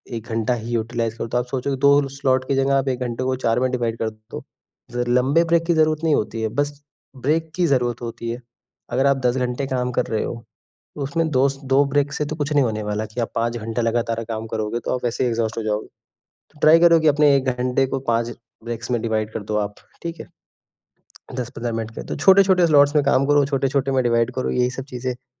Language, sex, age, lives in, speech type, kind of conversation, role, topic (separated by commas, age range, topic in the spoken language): Hindi, male, 20-24, India, conversation, advice, advisor, नौकरी के लगातार दबाव के कारण आपको थकान और बेचैनी कैसे महसूस होती है?